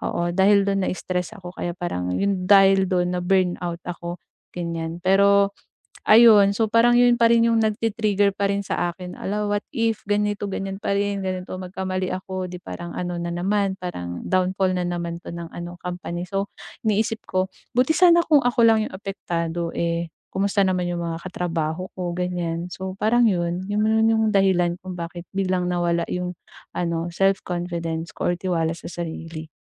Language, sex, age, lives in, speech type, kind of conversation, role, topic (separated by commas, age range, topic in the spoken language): Filipino, female, 25-29, Philippines, conversation, advice, user, Paano ko mababawi ang tiwala sa sarili habang bumabalik sa trabaho pagkatapos ng pagkaubos ng lakas?